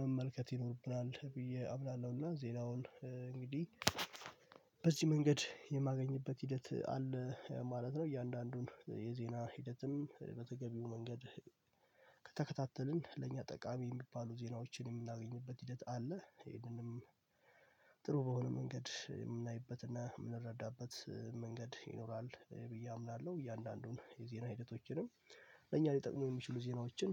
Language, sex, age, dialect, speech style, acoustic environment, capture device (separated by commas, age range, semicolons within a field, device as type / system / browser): Amharic, male, 18-24, Ethiopia - Addis Ababa, relaxed, silence, mobile / Linux / Chrome